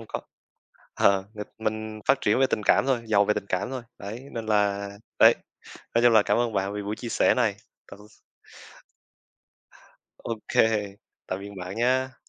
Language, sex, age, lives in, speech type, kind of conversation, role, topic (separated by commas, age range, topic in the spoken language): Vietnamese, male, 20-24, Vietnam, conversation, unstructured, none, Những kỳ nghỉ hè đã góp phần vào sự phát triển của bạn như thế nào?